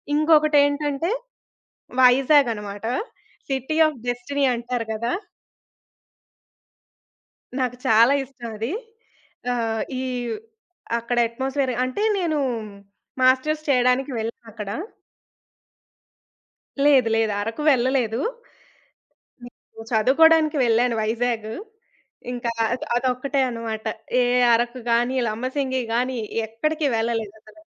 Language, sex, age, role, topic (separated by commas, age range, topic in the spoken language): Telugu, female, 20-24, guest, మీ జీవితంలో మీకు మర్చిపోలేని ప్రయాణ అనుభవం ఏది?